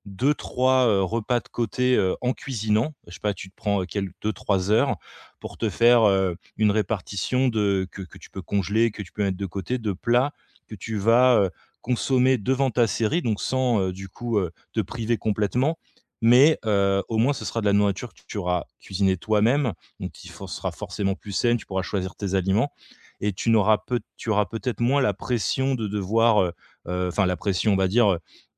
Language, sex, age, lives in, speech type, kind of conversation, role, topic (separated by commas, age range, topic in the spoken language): French, male, 35-39, France, conversation, advice, advisor, Comment gérer la culpabilité après un écart alimentaire ?